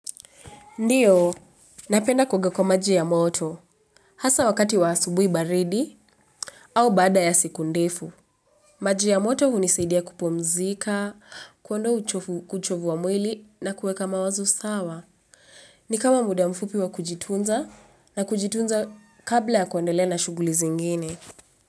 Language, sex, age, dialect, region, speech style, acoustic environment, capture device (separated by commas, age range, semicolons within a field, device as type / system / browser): Swahili, female, 25-34, Kenya - Nairobi Sheng-influenced, Kenya, relaxed; focused, appliances; nature, mobile / Linux / Chrome